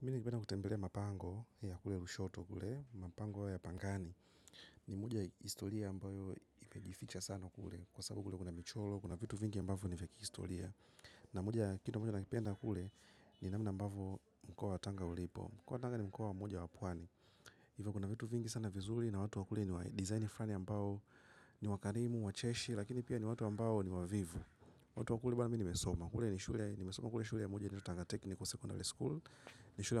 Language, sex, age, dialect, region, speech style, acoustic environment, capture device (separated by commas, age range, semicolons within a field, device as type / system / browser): Swahili, male, 25-34, Tanzania - Dar es Salaam, Tanzania, happy; relaxed; focused, nature, mobile / macOS / Safari